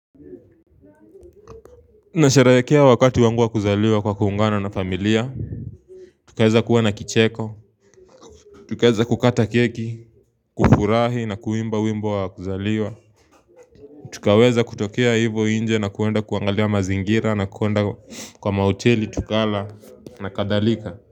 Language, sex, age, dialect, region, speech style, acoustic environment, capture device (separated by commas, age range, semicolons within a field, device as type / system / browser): Swahili, male, 18-24, Kenya - Nairobi Sheng-influenced, Kenya, focused; happy, people talking, mobile / Linux / Chrome